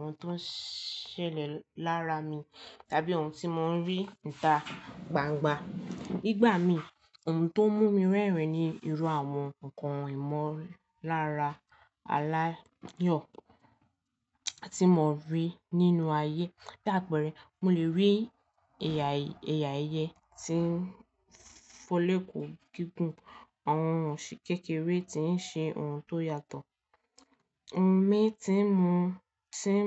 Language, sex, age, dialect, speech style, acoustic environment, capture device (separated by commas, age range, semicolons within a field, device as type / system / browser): Yoruba, female, 18-24, Nigeria - Lagos Yoruba, relaxed, silence; nature, mobile / Linux / Chrome